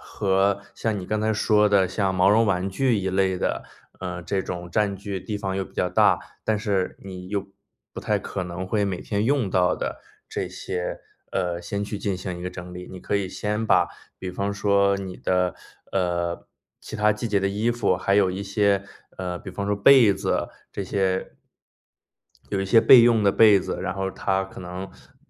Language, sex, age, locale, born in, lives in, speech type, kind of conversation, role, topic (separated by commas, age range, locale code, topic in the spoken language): Chinese, male, 30-34, zh-CN, China, United States, conversation, advice, advisor, 我该如何应对搬家带来的情绪压力？